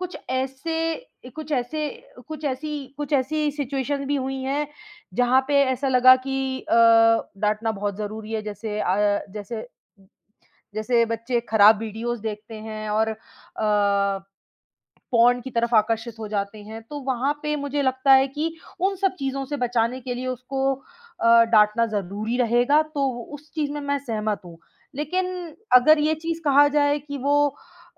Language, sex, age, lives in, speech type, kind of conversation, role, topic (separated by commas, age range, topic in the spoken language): Hindi, female, 25-29, India, conversation, advice, user, बच्चों की परवरिश के तरीकों को लेकर माता-पिता से होने वाले मतभेद कैसे सुलझाएँ?